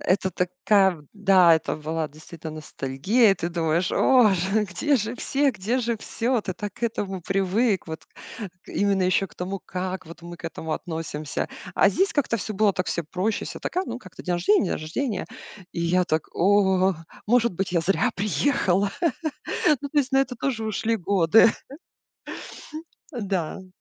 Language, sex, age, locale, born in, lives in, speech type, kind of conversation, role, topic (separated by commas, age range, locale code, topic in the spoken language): Russian, female, 40-44, ru-RU, Russia, United States, conversation, podcast, guest, Какой был случай, когда тебе пришлось начинать всё сначала?